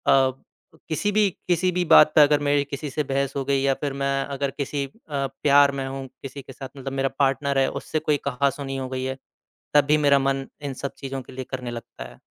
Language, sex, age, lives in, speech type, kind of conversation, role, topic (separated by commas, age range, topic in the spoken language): Hindi, male, 25-29, India, conversation, advice, user, क्या आप तनाव में बार-बार शराब या नशीले पदार्थों का सहारा लेते हैं?